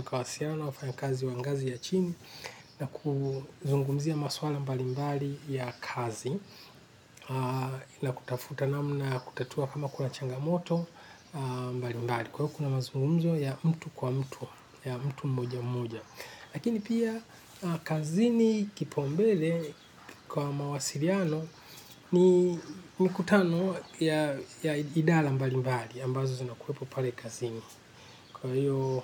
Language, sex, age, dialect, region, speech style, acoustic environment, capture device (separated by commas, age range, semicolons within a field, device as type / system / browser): Swahili, male, 45-54, Kenya - Nairobi Sheng-influenced, Kenya, relaxed, silence, desktop / Linux / Chrome